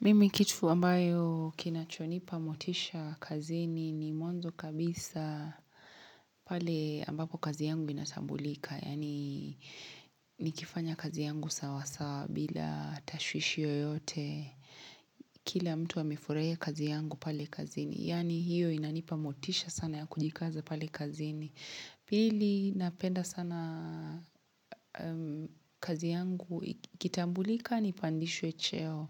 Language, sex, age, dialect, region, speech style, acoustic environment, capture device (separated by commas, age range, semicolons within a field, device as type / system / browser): Swahili, female, 25-34, Kenya - Mombasa, Kenya, happy; relaxed; excited; focused, silence, mobile / Linux / Chrome